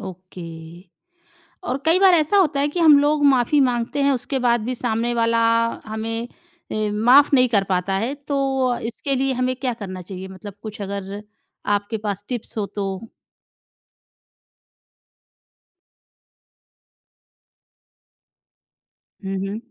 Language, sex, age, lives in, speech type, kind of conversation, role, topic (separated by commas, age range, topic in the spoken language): Hindi, female, 30-34, India, conversation, podcast, host, Maafi maangne aur dene mein balance kaise banate ho?